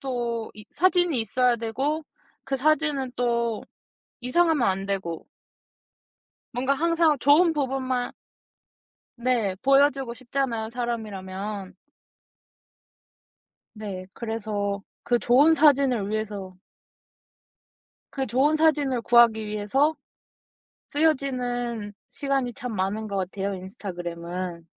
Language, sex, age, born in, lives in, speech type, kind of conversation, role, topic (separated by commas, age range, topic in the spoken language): Korean, female, 20-24, South Korea, South Korea, conversation, podcast, guest, 소셜 미디어가 인간관계에 어떤 영향을 미쳤다고 생각하시나요?